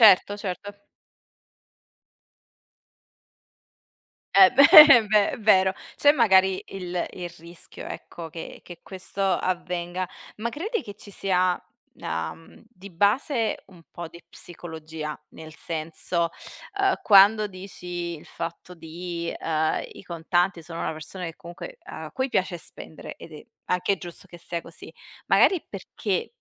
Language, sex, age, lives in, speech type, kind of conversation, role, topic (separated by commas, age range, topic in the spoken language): Italian, female, 25-29, Italy, conversation, podcast, host, Cosa preferisci tra pagare con carta, con lo smartphone o in contanti, e perché?